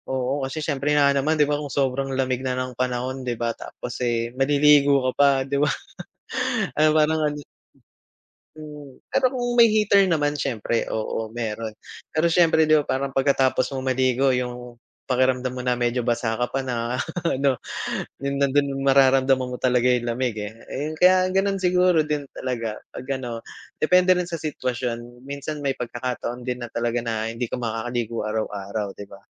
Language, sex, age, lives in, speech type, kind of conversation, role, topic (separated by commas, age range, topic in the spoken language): Filipino, male, 35-39, Philippines, conversation, unstructured, none, Ano ang palagay mo sa mga taong hindi naliligo araw-araw?